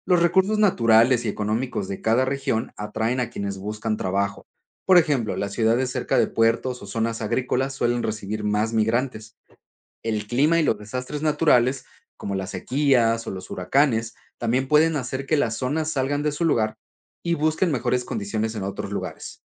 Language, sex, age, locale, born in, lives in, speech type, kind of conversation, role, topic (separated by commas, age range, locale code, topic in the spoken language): Spanish, male, 35-39, es-MX, Mexico, Spain, read, expert-assistant, expert, ¿Cómo puede el aprendizaje de la geografía ayudar a comprender el mundo?